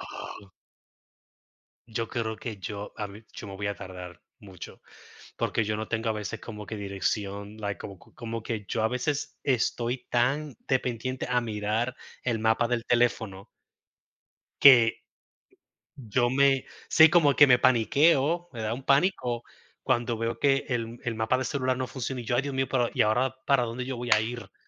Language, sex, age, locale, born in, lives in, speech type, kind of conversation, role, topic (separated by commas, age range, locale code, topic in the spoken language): Spanish, male, 35-39, es-US, Puerto Rico, United States, conversation, unstructured, none, ¿Alguna vez te has perdido durante un viaje? ¿Qué pasó?